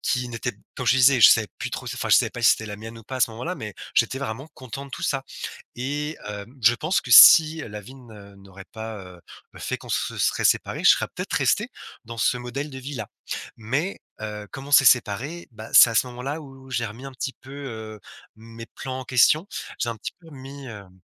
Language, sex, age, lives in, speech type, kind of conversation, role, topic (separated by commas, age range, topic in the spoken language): French, male, 35-39, France, conversation, podcast, guest, Pour toi, qu’est-ce que ça veut dire réussir, vraiment ?